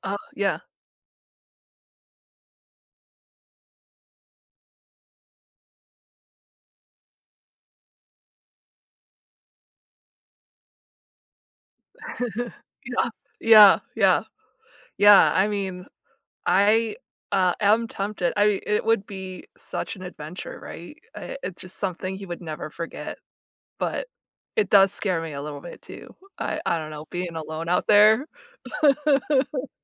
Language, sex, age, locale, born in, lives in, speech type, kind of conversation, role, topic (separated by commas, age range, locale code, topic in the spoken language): English, female, 35-39, en-US, United States, United States, conversation, unstructured, none, What’s a hobby that really shows who you are?